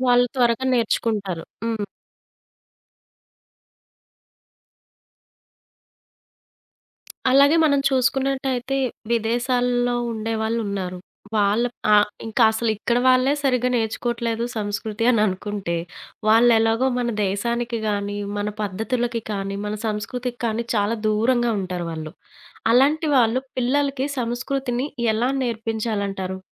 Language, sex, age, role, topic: Telugu, female, 30-34, host, మీ పిల్లలకు మీ సంస్కృతిని ఎలా చూపిస్తారు?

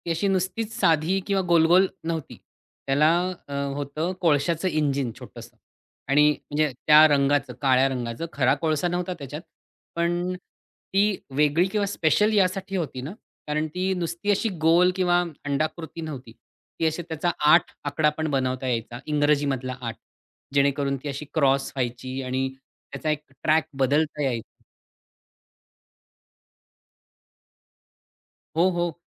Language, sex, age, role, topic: Marathi, male, 40-44, guest, खेळण्यांपैकी तुमचं आवडतं खेळणं कोणतं होतं?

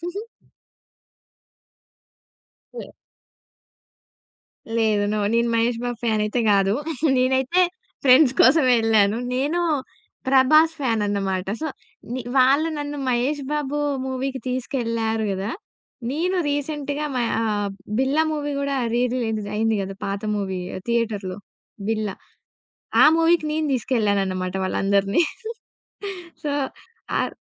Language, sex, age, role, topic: Telugu, female, 20-24, guest, పాత సినిమాను థియేటర్‌లో తొలిసారి చూసిన అనుభవం మీకు ఎలా అనిపించింది?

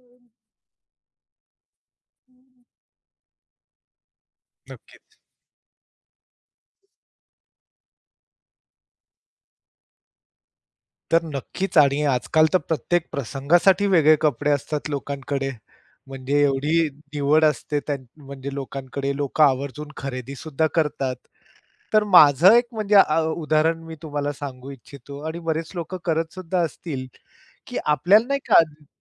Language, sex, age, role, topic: Marathi, male, 45-49, guest, कपड्यांमुळे तुमचा मूड कसा बदलतो, हे तुम्ही कसं अनुभवता ते सांगाल का?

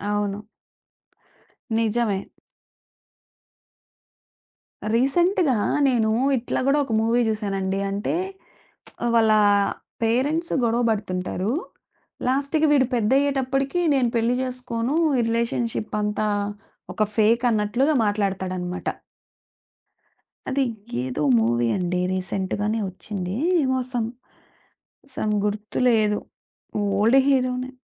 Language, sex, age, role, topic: Telugu, female, 30-34, host, మీరు పిల్లలతో ఏ హాబీని పంచుకుంటారు, దాన్ని కలిసి చేయడం మీకు ఎలా అనిపిస్తుంది?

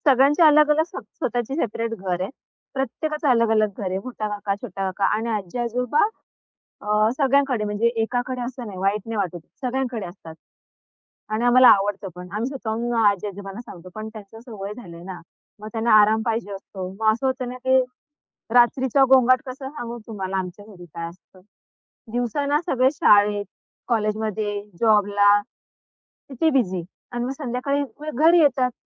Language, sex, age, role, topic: Marathi, female, 25-29, guest, घरात, विशेषतः रात्री, शांतता कशी राखता?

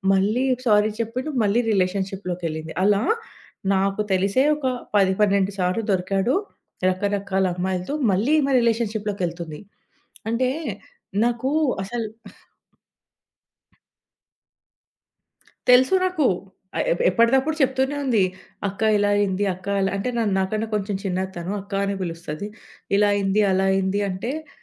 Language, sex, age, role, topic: Telugu, female, 30-34, guest, ఒకరి బాధ వింటున్నప్పుడు వారికి మద్దతుగా మీరు ఎలా ఉండాలి అని భావిస్తారు?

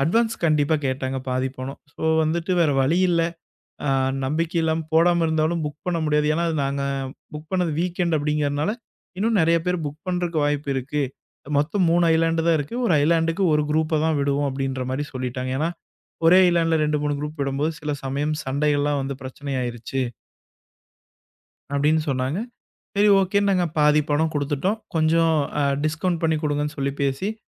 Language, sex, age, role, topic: Tamil, male, 25-29, guest, கடற்கரையில் முகாமிட்டு தங்கிய அனுபவத்தைப் பற்றி பகிர முடியுமா?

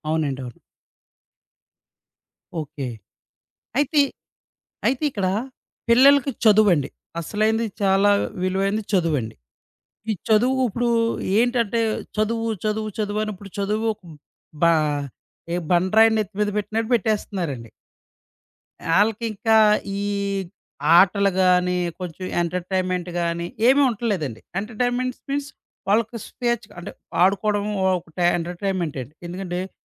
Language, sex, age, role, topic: Telugu, male, 30-34, host, పిల్లలకు డిజిటల్ హద్దులు పెట్టడం మొదలుపెట్టాలంటే మొదటి అడుగు ఏమిటి?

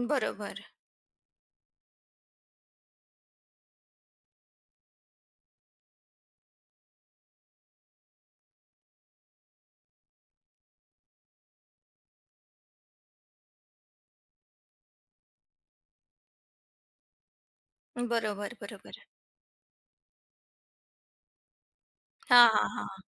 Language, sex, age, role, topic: Marathi, female, 40-44, host, तुम्ही तुमच्या भाषेचा अभिमान कसा जपता?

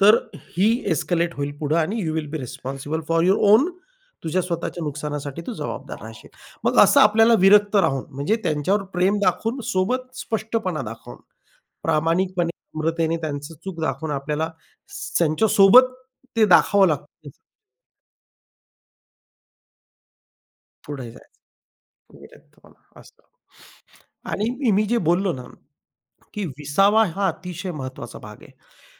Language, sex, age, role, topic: Marathi, male, 40-44, guest, तुमच्या जीवनातील मुख्य मूल्ये कोणती आहेत?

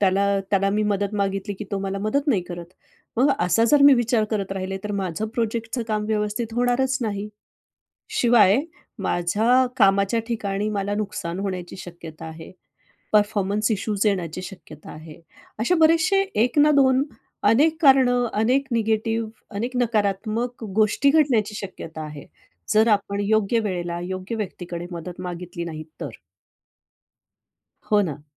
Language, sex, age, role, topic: Marathi, female, 45-49, guest, काही लोक मदत मागायला टाळाटाळ का करतात, असं तुम्हाला वाटतं?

